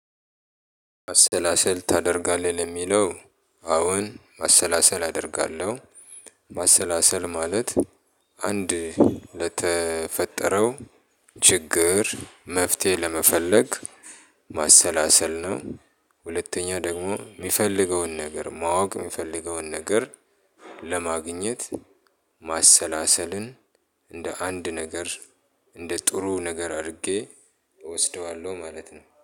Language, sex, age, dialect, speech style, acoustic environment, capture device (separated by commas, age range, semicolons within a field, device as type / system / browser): Amharic, male, 35-44, Ethiopia - Addis Ababa, focused; happy; excited, people talking; traffic; construction; nature, mobile / Linux / Chrome